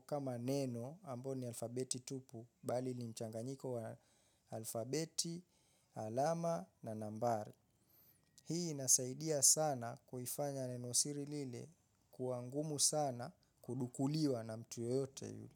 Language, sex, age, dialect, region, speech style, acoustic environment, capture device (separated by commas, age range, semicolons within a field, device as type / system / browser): Swahili, male, 25-34, Kenya - Mombasa, Kenya, focused, nature, mobile / Linux / Chrome